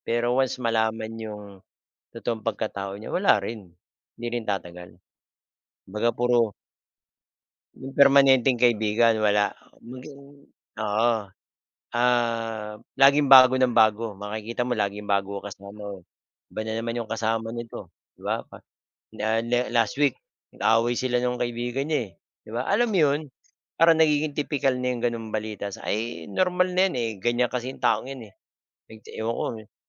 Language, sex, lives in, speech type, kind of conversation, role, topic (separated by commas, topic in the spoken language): Filipino, male, Philippines, conversation, unstructured, none, Ano ang palagay mo sa mga taong nagpapanggap para makuha ang gusto nila?